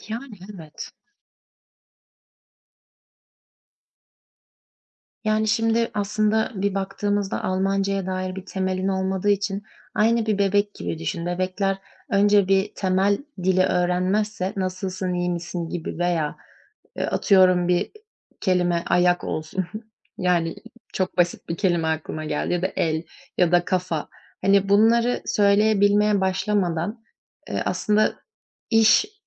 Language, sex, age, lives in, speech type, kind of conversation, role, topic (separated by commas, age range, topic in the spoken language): Turkish, female, 20-24, Germany, conversation, advice, advisor, Sürekli erteleme döngüsünü kırarak yeni bir projeye nasıl başlayabilirim?